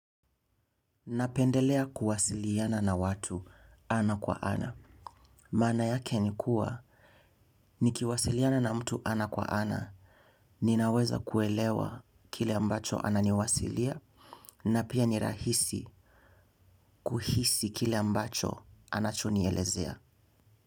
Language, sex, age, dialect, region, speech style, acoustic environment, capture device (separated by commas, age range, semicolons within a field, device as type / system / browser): Swahili, male, 18-24, Kenya - Mombasa, Kenya, focused; relaxed, silence, mobile / Linux / Chrome